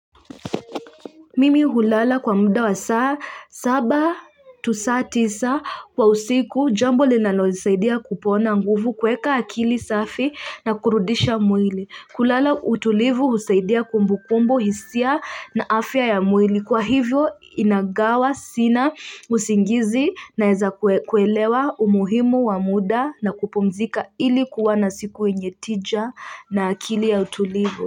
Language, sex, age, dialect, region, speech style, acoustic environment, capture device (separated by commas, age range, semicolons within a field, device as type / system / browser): Swahili, female, 25-34, Kenya - Nairobi Sheng-influenced, Kenya, happy, people talking; music; nature, mobile / Linux / Chrome